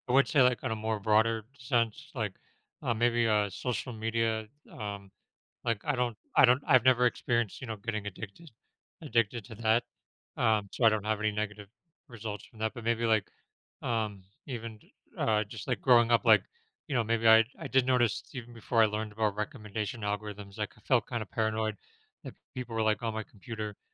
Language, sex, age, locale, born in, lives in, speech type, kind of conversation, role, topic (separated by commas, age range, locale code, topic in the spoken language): English, male, 35-39, en-US, United States, United States, conversation, podcast, guest, How has technology changed the way we take care of our health and stay active?